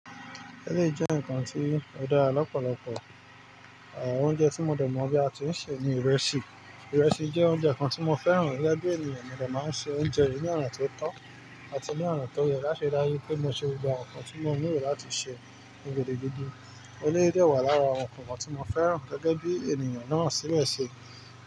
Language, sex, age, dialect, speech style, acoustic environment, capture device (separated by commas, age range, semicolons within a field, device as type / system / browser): Yoruba, male, 25-34, Nigeria - Nigerian Standard, focused, nature, mobile / Linux / Chrome